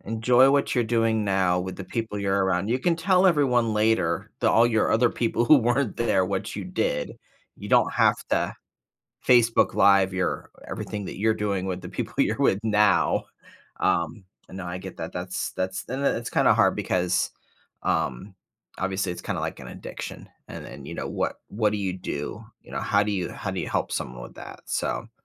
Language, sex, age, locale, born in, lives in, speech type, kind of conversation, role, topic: English, male, 45-49, en-US, United States, United States, conversation, podcast, host, What are some effective ways to create a healthy balance between technology use and offline life?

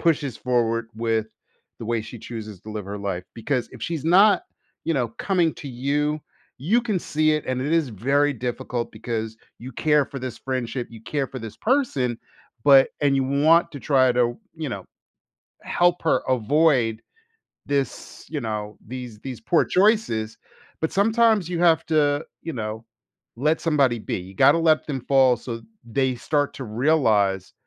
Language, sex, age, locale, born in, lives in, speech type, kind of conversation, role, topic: English, male, 50-54, en-US, United States, United States, conversation, advice, advisor, How should I address recurring boundary issues with my close friend?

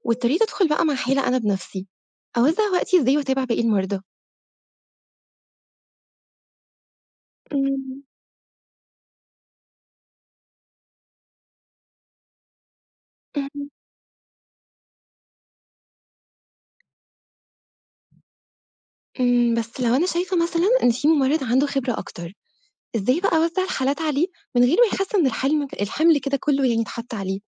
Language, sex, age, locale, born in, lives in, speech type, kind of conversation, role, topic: Arabic, female, 25-29, ar-EG, Egypt, Egypt, read, expert-assistant, asker, إزاي أقدر أرتب أولويات التمريض في قسم بيستقبل حالات كتير ومفيش عدد كافي من التمريض؟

